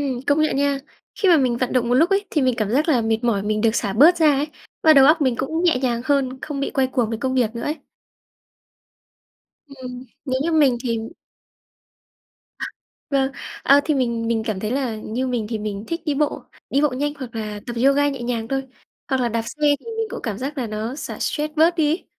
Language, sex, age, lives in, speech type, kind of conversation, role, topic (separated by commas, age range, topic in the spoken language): Vietnamese, female, 20-24, Vietnam, conversation, unstructured, none, Tập thể dục có thể giúp giảm căng thẳng như thế nào?